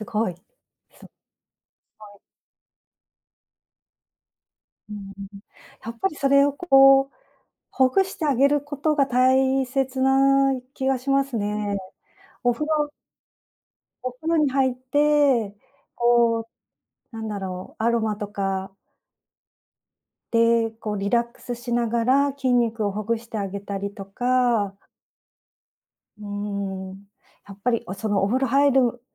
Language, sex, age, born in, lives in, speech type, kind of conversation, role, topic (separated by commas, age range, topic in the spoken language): Japanese, female, 55-59, Japan, Japan, conversation, advice, advisor, 仕事で休憩や運動の時間が取れず、疲れが溜まっているのですが、どうすればよいですか？